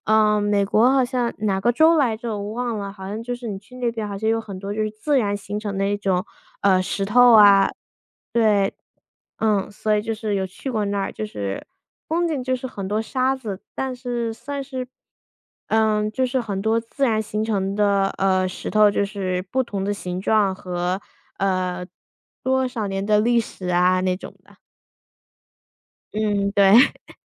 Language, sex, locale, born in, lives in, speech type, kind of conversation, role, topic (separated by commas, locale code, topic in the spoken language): Chinese, female, zh-CN, United States, United States, conversation, unstructured, none, 你通常会怎么选择旅行目的地？